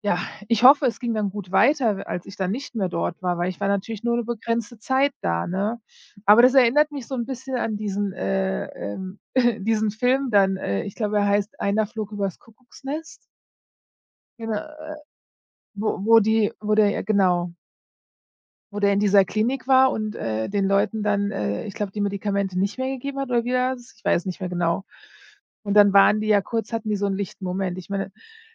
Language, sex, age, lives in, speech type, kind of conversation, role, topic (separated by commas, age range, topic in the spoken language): German, female, 40-44, United States, conversation, podcast, guest, Kannst du von einem Moment erzählen, auf den du stolz bist?